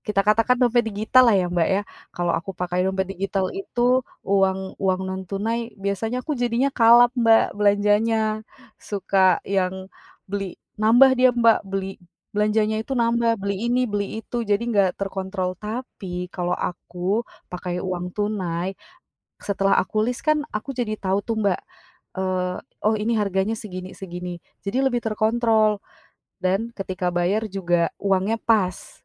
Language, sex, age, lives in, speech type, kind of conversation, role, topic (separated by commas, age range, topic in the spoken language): Indonesian, female, 25-29, Indonesia, conversation, podcast, guest, Apa saja tips belanja hemat tetapi tetap mendapatkan bahan makanan yang enak?